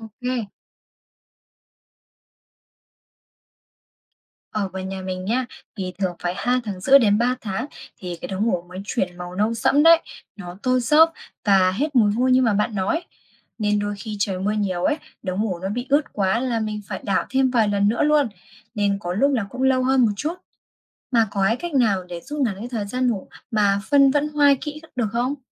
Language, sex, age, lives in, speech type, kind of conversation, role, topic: Vietnamese, female, 20-24, Vietnam, read, expert-assistant, asker, Làm thế nào để ủ mùn cưa và giữ ẩm cho vườn rau hiệu quả mà tiết kiệm nước?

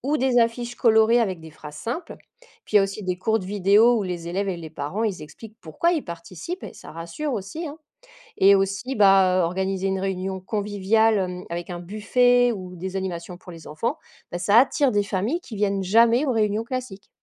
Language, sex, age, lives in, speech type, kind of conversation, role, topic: French, female, 40-44, France, read, expert-assistant, expert, Entre réduire les inégalités, moderniser les programmes ou rendre l’école plus inclusive, qu’est-ce qui devrait passer en priorité selon vous ?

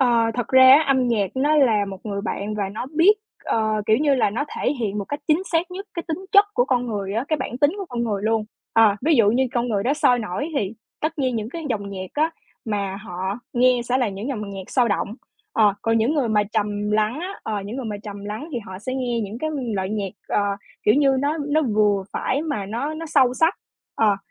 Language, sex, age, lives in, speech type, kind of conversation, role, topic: Vietnamese, female, 20-24, Vietnam, conversation, podcast, guest, Theo bạn, âm nhạc thay đổi tâm trạng của chúng ta như thế nào?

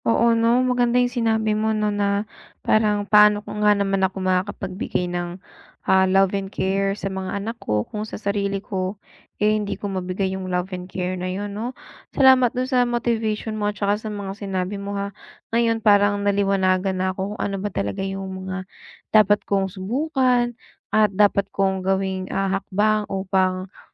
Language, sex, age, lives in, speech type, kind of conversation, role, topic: Filipino, female, 25-29, United States, conversation, advice, user, Paano ako makakapagpahinga nang maayos habang nasa bahay?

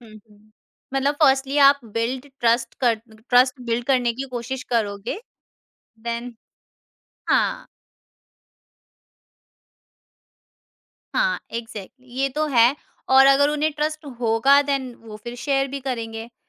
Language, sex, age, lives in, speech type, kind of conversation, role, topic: Hindi, female, 50-54, India, conversation, podcast, host, Tum sunne aur apna opinion dene ke beech ka balance kaise banate ho?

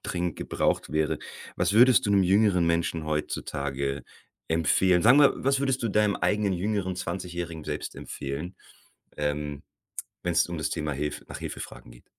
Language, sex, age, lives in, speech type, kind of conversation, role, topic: German, male, 40-44, Germany, conversation, podcast, host, Wie hast du gelernt, um Hilfe zu bitten, wenn du sie brauchst?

